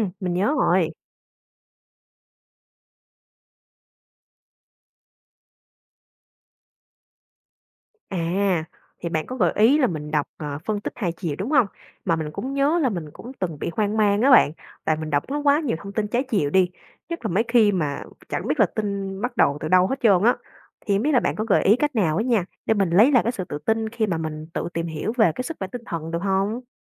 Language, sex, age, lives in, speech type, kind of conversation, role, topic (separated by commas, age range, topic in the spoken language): Vietnamese, female, 25-29, Vietnam, read, expert-assistant, asker, Làm sao chọn lọc thông tin về sức khỏe tinh thần khi có quá nhiều nguồn khác nhau?